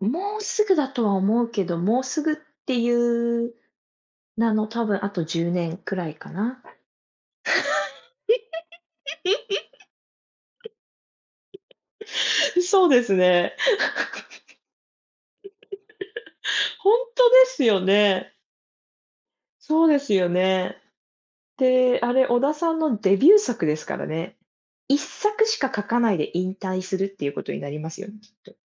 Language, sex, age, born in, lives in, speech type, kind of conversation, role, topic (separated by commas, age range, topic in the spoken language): Japanese, female, 30-34, Japan, United States, conversation, unstructured, none, 子どものころに好きだったアニメは何ですか？